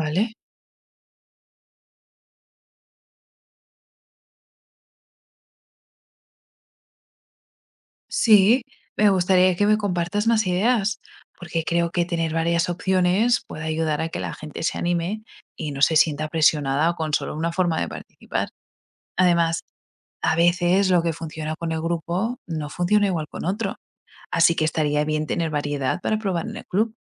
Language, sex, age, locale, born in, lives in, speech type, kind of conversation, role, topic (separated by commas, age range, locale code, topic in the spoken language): Spanish, female, 25-29, es-ES, Spain, United States, read, expert-assistant, asker, ¿Cómo podemos motivar a niños y jóvenes a revitalizar las lenguas familiares?